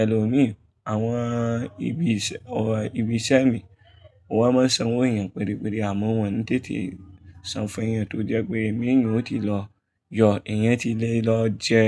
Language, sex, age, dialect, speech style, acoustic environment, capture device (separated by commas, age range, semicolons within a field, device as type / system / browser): Yoruba, male, 25-34, Nigeria - Kano, focused, people talking; traffic; music, mobile / Linux / Chrome